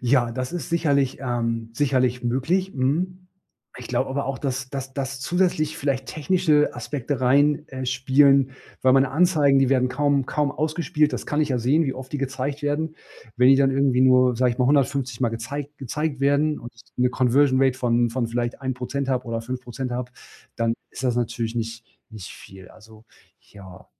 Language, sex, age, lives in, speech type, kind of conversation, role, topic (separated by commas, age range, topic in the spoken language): German, male, 40-44, Germany, conversation, advice, user, Wie kann ich meine Motivation wiederfinden, wenn sie nachlässt?